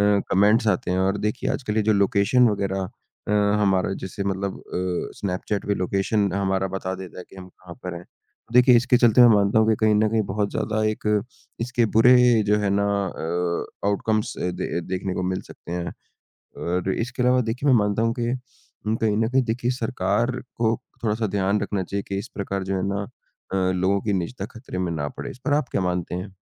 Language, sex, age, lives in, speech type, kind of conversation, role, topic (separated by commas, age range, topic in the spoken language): Hindi, male, 20-24, India, conversation, unstructured, none, क्या आपको लगता है कि तकनीक हमारी निजता को खतरे में डाल रही है?